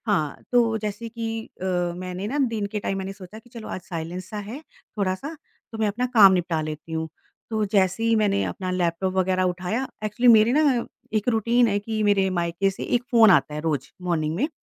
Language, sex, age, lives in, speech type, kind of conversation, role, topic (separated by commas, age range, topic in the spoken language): Hindi, female, 45-49, India, conversation, podcast, guest, Work from home mein boundaries kaise set karte ho?